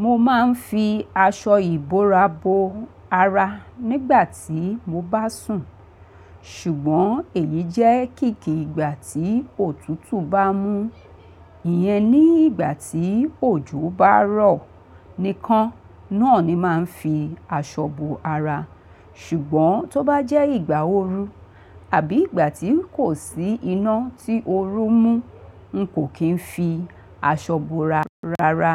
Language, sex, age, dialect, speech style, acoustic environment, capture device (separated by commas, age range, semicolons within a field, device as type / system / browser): Yoruba, female, 45-54, Nigeria - Lagos Yoruba, relaxed, people talking; appliances; traffic, mobile / Linux / Chrome